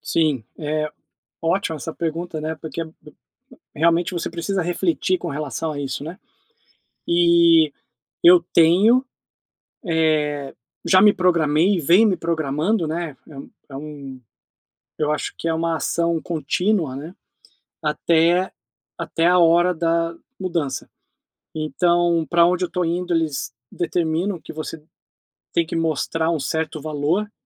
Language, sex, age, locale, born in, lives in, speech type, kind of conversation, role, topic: Portuguese, male, 40-44, pt-BR, Brazil, United States, conversation, advice, user, Qual é o melhor momento para fazer uma grande mudança de vida?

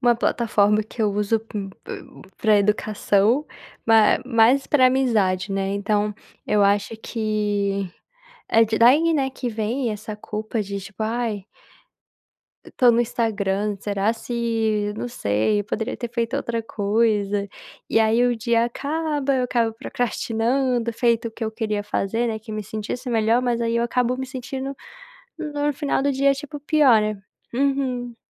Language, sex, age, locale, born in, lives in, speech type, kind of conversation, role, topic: Portuguese, female, 20-24, pt-BR, Brazil, United States, conversation, advice, user, Como posso descansar e curtir filmes e música sem sentir culpa nem ansiedade?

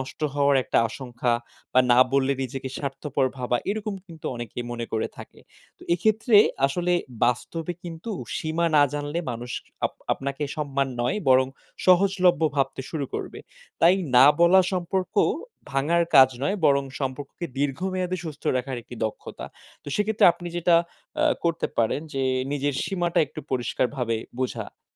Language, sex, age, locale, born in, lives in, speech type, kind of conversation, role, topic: Bengali, male, 20-24, bn-BD, Bangladesh, Bangladesh, conversation, advice, advisor, নিমন্ত্রণ ফিরিয়ে দিতে কষ্ট হলে ভদ্রভাবে কীভাবে 'না' বলবেন?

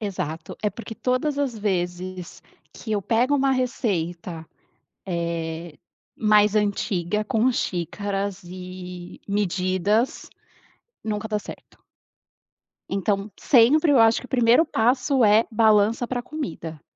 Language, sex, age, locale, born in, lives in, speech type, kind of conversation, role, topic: Portuguese, female, 35-39, pt-BR, Brazil, Portugal, conversation, podcast, guest, Como você ensina essa receita para alguém que nunca cozinhou?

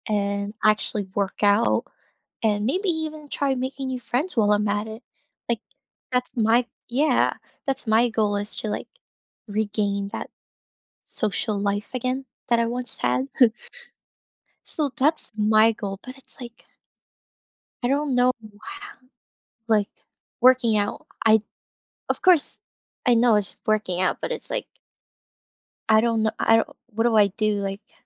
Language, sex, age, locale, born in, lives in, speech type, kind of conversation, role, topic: English, female, 20-24, en-US, Philippines, United States, conversation, advice, user, How can I start exercising and stay motivated?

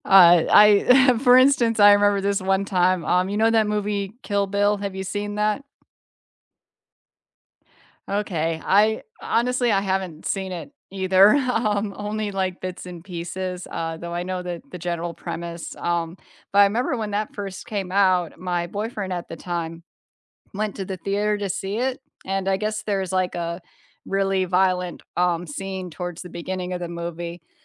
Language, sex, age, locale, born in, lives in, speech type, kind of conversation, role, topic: English, female, 40-44, en-US, United States, United States, conversation, unstructured, none, Do you enjoy watching movies more by streaming at home or in a theater, and what makes each experience feel special to you?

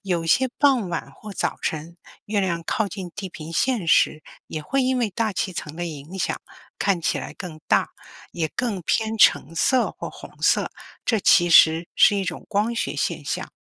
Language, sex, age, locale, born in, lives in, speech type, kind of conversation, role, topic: Chinese, female, 55-59, zh-CN, China, United States, read, expert-assistant, expert, 为什么月亮有时白天也能看到？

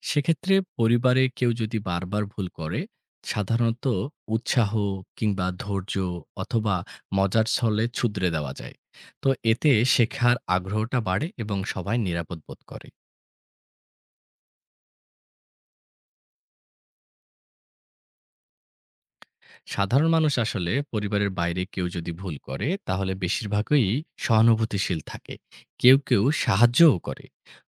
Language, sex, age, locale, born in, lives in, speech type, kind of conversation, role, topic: Bengali, male, 35-39, bn-BD, Bangladesh, Bangladesh, read, expert-assistant, expert, আপনি কী ভাবেন, যদি আপনার পরিবারে সবাই ভিন্ন ভাষায় কথা বলত, তাহলে আপনাদের সম্পর্ক কেমন থাকত?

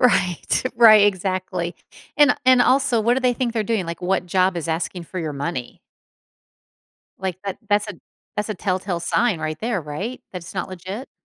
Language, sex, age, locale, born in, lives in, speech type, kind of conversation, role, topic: English, female, 50-54, en-US, United States, United States, conversation, advice, advisor, How can I relax and recharge after work?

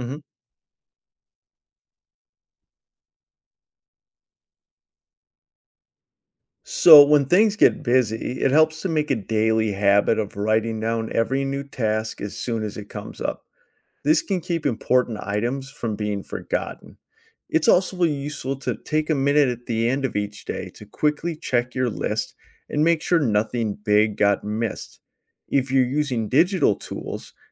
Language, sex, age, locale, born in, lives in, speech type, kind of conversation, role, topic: English, male, 40-44, en-US, United States, United States, read, expert-assistant, expert, How do you stay organized with multiple responsibilities?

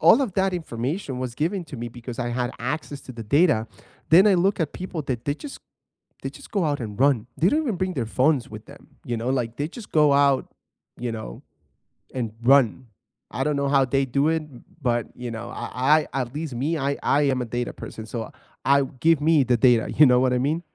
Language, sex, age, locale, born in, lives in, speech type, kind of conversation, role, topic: English, male, 30-34, en-US, United States, United States, conversation, unstructured, none, How have wearables reshaped your daily health habits, and what stories or tips can we share with each other?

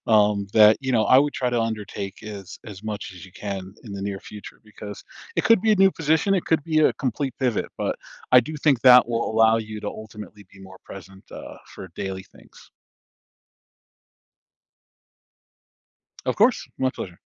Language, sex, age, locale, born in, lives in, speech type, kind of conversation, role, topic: English, male, 40-44, en-US, United States, United States, conversation, advice, advisor, How can I improve my presence in daily life?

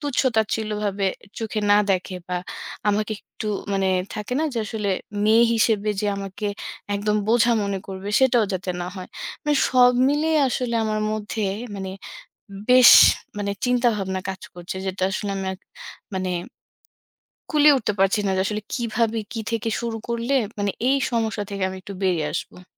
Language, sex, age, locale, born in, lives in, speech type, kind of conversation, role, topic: Bengali, female, 30-34, bn-BD, Bangladesh, Bangladesh, conversation, advice, user, জীবনের লক্ষ্য ও নিজের পরিচয় নিয়ে আপনি কেন বিভ্রান্ত বোধ করছেন?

